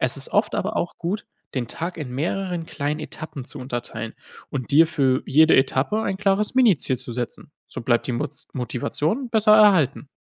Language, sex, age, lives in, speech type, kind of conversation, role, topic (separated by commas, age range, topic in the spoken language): German, male, 20-24, Germany, read, expert-assistant, expert, Warum ist es wichtig, sich Ziele zu setzen?